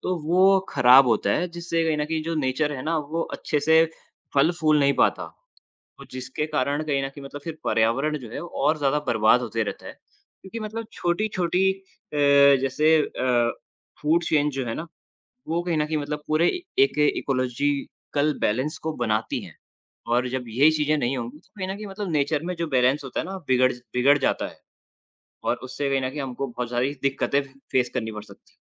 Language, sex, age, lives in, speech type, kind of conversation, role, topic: Hindi, male, 20-24, India, conversation, unstructured, none, क्या आपको लगता है कि पर्यावरण संरक्षण के लिए हमारे प्रयास पर्याप्त हैं?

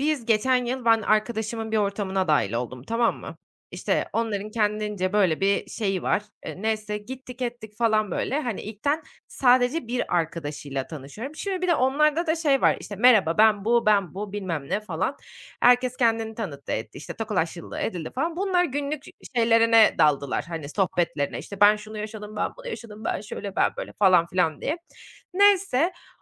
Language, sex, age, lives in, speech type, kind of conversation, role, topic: Turkish, female, 30-34, Netherlands, conversation, advice, user, Yeni insanlarla tanışırken neden bu kadar gergin hissediyorum ve bu korkuyu nasıl aşabilirim?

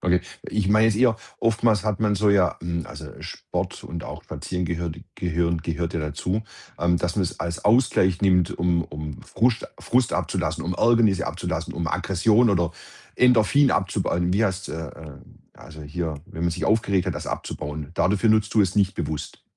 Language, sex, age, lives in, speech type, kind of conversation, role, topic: German, male, 50-54, Germany, conversation, podcast, host, Was begeistert dich an Spaziergängen durch deine Nachbarschaft?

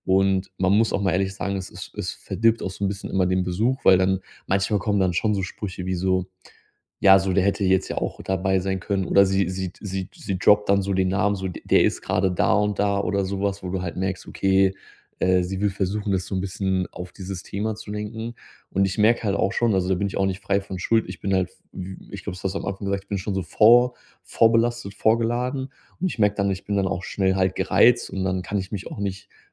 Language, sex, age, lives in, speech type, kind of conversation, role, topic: German, male, 30-34, Germany, conversation, advice, user, Wie kann ich Streit mit meinem Bruder oder meiner Schwester besser lösen?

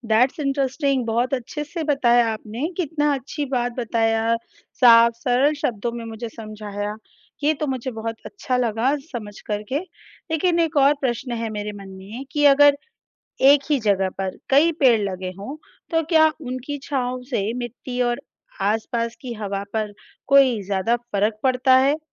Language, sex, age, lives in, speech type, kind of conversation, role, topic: Hindi, female, 35-39, India, read, expert-assistant, asker, पेड़ की छाया ठंडी क्यों लगती है?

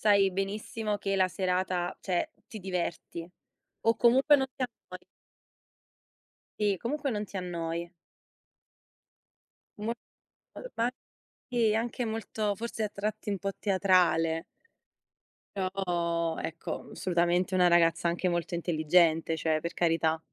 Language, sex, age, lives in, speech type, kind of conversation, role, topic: Italian, female, 25-29, Italy, conversation, unstructured, none, Come ti senti quando riesci a esprimerti liberamente?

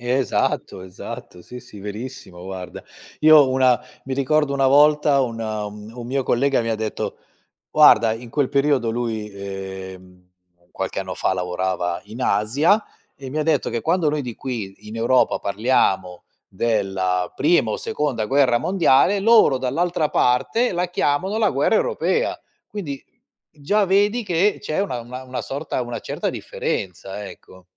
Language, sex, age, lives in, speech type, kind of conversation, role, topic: Italian, male, 50-54, Italy, conversation, unstructured, none, Come si può convincere qualcuno che la storia possa essere rivista?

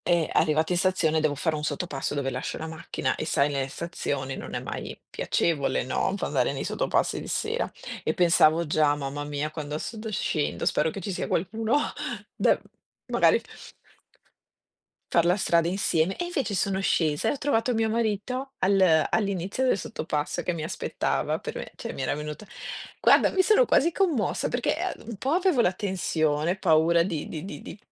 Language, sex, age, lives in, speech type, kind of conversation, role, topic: Italian, female, 50-54, Italy, conversation, unstructured, none, Qual è il modo migliore per mostrare affetto a qualcuno?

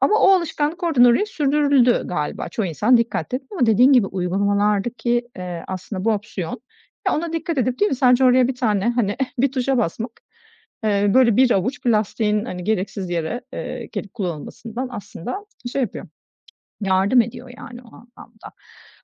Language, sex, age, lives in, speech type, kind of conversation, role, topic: Turkish, female, 40-44, Greece, conversation, podcast, host, Plastik azaltmak için hangi küçük adımları önerirsin?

